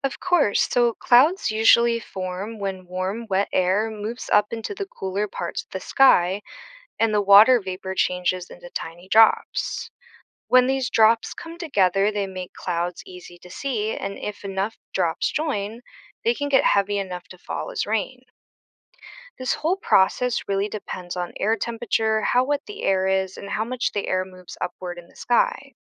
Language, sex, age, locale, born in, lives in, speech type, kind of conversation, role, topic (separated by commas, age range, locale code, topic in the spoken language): English, female, 25-29, en-US, United States, United States, read, expert-assistant, expert, How do clouds form, and what causes them to produce rain?